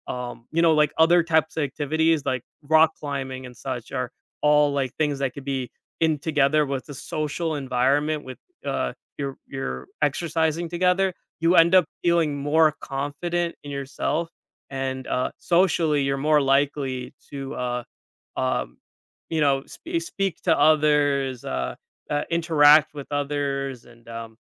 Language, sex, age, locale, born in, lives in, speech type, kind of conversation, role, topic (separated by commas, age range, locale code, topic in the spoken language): English, male, 25-29, en-US, United States, United States, conversation, podcast, guest, How can being involved in sports shape different aspects of your everyday life?